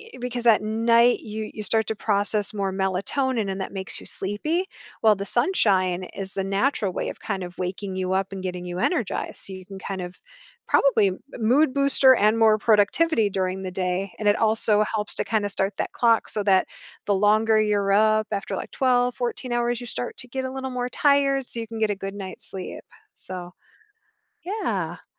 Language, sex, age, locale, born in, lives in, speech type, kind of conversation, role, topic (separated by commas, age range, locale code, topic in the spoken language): English, female, 45-49, en-US, United States, United States, conversation, advice, advisor, How can I manage my daily responsibilities without feeling overwhelmed?